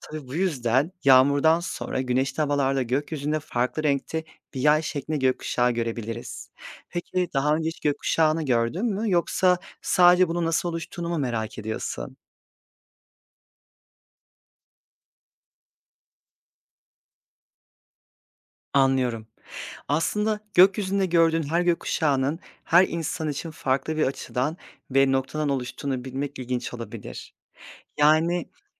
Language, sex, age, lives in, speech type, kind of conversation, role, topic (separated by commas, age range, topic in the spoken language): Turkish, male, 30-34, Poland, read, expert-assistant, expert, Gökkuşağı nasıl oluşur?